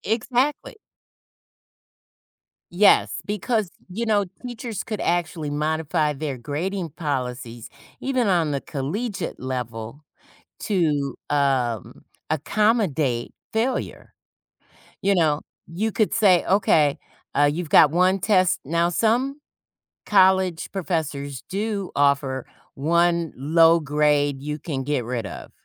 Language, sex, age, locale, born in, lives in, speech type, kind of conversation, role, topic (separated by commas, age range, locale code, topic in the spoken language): English, female, 55-59, en-US, United States, United States, conversation, podcast, guest, How can experiencing setbacks help us grow and learn more effectively?